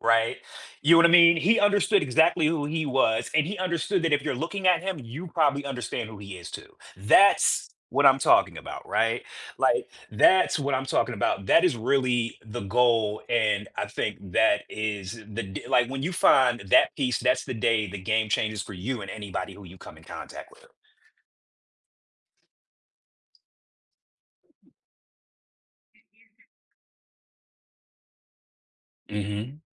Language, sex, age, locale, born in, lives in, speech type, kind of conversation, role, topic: English, male, 40-44, en-US, United States, United States, conversation, podcast, guest, Why is it important to stay true to yourself, even when it’s difficult?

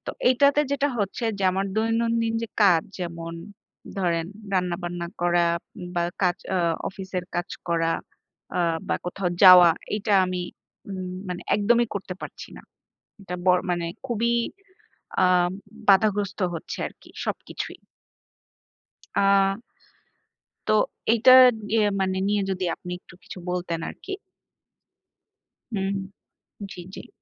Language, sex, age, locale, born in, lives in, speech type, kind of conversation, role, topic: Bengali, female, 30-34, bn-BD, Bangladesh, Bangladesh, conversation, advice, user, একটি স্বাস্থ্যসংকট কীভাবে আপনাকে জীবনের প্রাধান্য ও অর্থ নতুন করে ভাবতে বাধ্য করেছে?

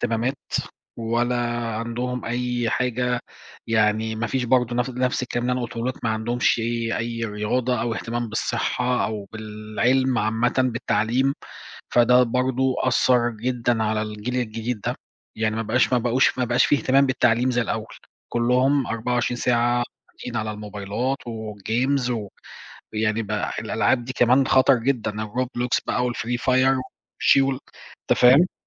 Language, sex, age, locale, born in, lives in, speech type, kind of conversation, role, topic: Arabic, male, 40-44, ar-EG, Egypt, Portugal, conversation, unstructured, none, إزاي أثرت الثورة العلمية على حياتنا اليومية؟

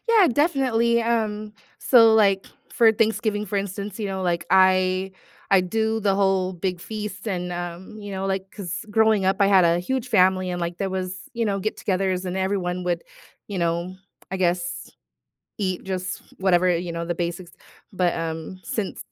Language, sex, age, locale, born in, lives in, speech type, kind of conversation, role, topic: English, female, 35-39, en-US, United States, United States, conversation, podcast, guest, How does food shape your family traditions?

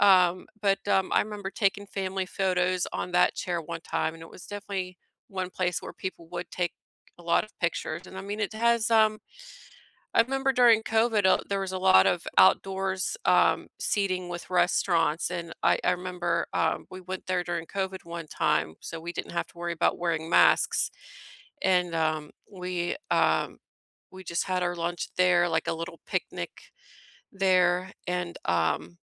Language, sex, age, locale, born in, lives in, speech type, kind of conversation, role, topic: English, female, 40-44, en-US, United States, United States, conversation, unstructured, none, Which nearby outdoor place feels like yours, and what makes it special or meaningful to you?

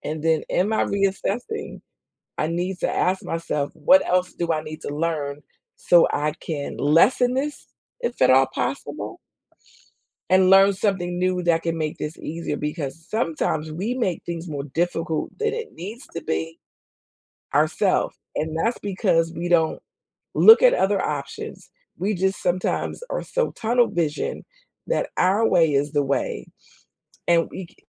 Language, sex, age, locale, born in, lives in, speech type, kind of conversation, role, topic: English, female, 55-59, en-US, United States, United States, conversation, unstructured, none, How do you handle setbacks when things go wrong?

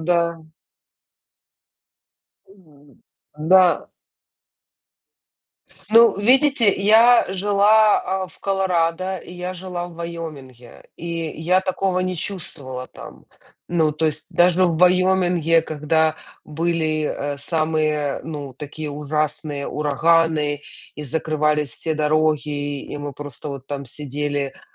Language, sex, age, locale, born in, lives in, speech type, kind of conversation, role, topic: Russian, female, 35-39, ru-UA, Ukraine, United States, conversation, unstructured, none, Какое место в мире удивило тебя больше всего?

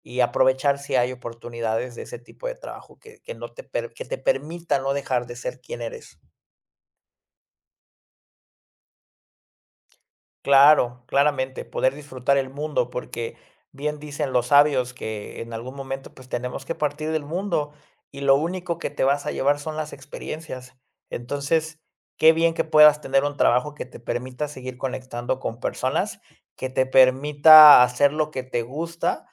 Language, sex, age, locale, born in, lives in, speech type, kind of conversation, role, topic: Spanish, male, 30-34, es-MX, Mexico, Mexico, conversation, podcast, guest, ¿Cómo equilibras el salario y el sentido en tu trabajo?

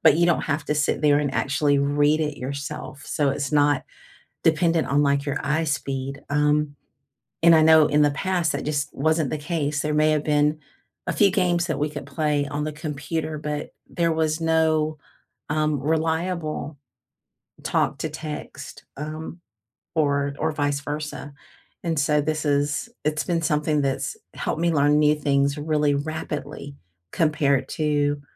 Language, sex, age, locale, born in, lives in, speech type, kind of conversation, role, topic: English, female, 55-59, en-US, United States, United States, conversation, unstructured, none, How has technology shaped your learning in the past and how is it shaping it now?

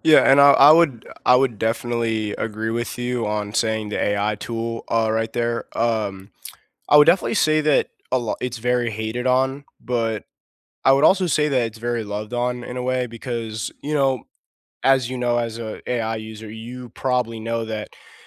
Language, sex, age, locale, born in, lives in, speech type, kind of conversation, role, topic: English, male, 18-19, en-US, United States, United States, conversation, unstructured, none, Which classroom technologies truly helped your learning, which ones distracted you, and how did you cope?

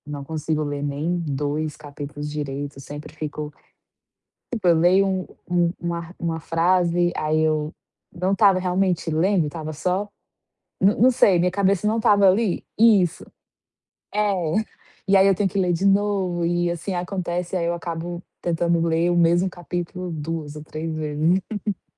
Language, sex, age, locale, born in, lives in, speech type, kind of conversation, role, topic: Portuguese, female, 25-29, pt-BR, Brazil, Portugal, conversation, advice, user, Como posso manter o foco durante longas sessões de trabalho ou estudo?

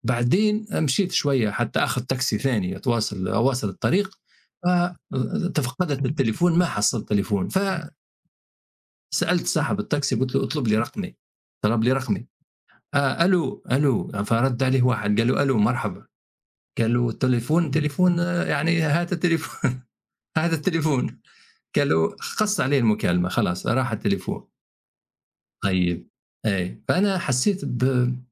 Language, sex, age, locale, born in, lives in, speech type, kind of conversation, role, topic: Arabic, male, 50-54, ar-TN, Tunisia, United States, conversation, unstructured, none, هل جرّبت تعيش من غير موبايل فترة؟ كانت التجربة عاملة إزاي؟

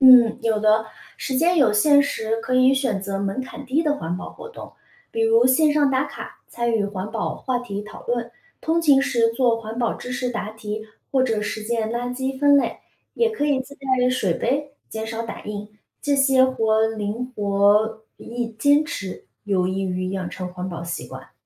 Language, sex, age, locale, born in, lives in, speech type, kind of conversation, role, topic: Chinese, female, 30-34, zh-CN, China, United States, read, expert-assistant, expert, 在城市里生活，怎样实际参与到保护海洋生物的行动中？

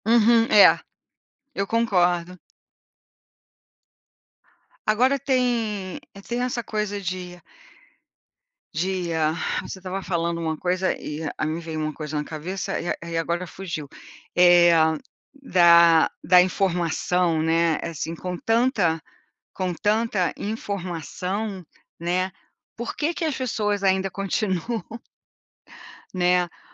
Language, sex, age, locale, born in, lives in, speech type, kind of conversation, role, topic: Portuguese, female, 60-64, pt-BR, Brazil, United States, conversation, unstructured, none, Por que as guerras continuam acontecendo mesmo com tanta informação disponível?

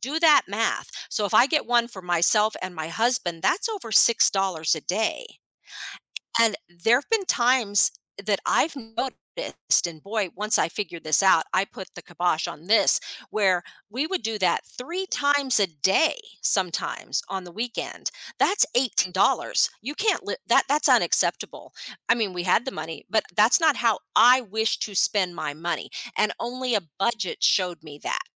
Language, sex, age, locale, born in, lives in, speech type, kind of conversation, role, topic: English, female, 50-54, en-US, United States, United States, conversation, podcast, guest, How can learning to budget help you take control of your finances?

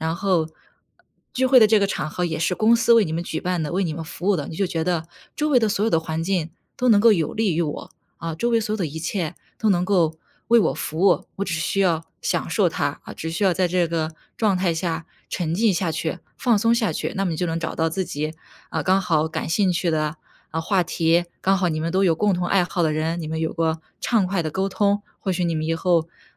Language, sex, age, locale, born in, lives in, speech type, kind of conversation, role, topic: Chinese, female, 25-29, zh-CN, China, United States, conversation, advice, advisor, 在社交聚会中感到焦虑时，我该如何寻求支持？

